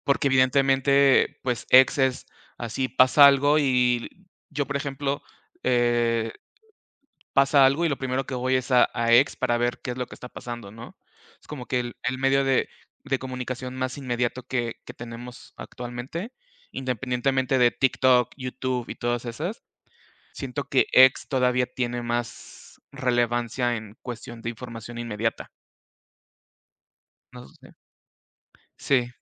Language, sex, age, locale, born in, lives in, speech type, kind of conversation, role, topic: Spanish, male, 35-39, es-MX, Mexico, Mexico, conversation, unstructured, none, ¿Crees que los medios de comunicación dicen la verdad o manipulan la información?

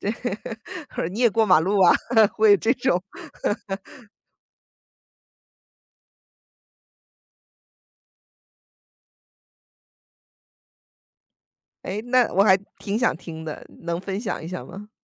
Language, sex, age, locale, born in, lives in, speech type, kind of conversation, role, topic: Chinese, female, 35-39, zh-CN, United States, United States, conversation, podcast, host, 你能分享一下家庭聚会中那些不易察觉的期待和压力吗？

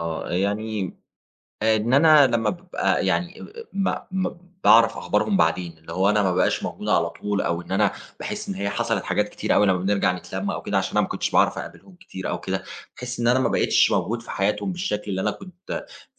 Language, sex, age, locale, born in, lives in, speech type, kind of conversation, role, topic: Arabic, male, 30-34, ar-EG, Egypt, Germany, conversation, advice, user, إزاي أقدر ألاقي وقت لعلاقاتي وأصحابي مع إن جدولي زحمة؟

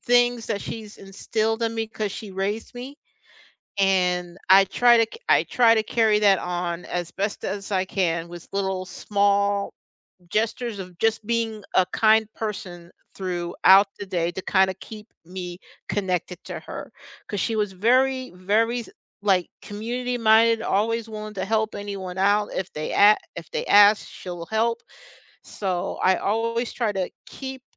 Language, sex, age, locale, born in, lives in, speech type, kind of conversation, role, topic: English, other, 50-54, en-US, United States, United States, conversation, unstructured, none, What personal rituals, stories, or traditions help you honor and keep your loved ones' memories alive?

